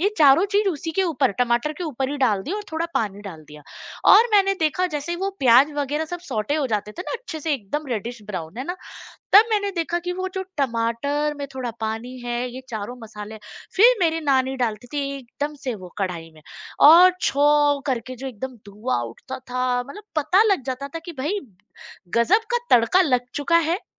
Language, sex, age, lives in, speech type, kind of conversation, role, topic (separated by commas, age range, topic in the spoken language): Hindi, female, 35-39, India, conversation, podcast, guest, Nani ya dadi ke haath ke khaane ke swaad se judi aapki koi yaad kya hai?